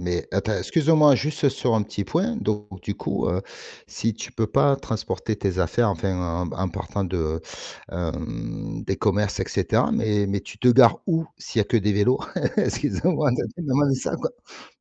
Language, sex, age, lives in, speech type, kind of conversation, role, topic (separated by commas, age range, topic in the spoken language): French, male, 45-49, France, conversation, podcast, host, Comment une ville peut-elle devenir plus verte ?